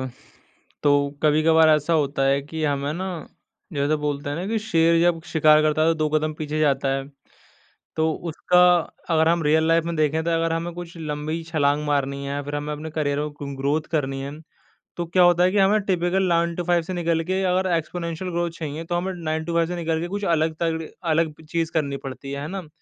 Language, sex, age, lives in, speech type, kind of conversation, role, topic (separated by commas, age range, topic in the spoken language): Hindi, male, 20-24, India, conversation, podcast, host, Career pivot ke time financial planning kaise karte ho, koi simple tip kya hai?